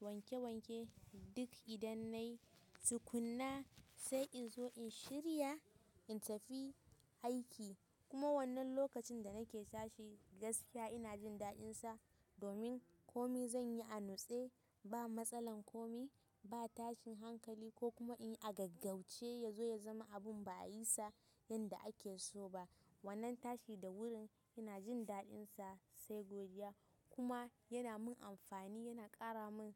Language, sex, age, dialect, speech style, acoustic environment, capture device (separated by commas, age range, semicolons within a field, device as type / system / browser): Hausa, female, 18-24, Nigeria - Kano, excited, nature, mobile / Linux / Chrome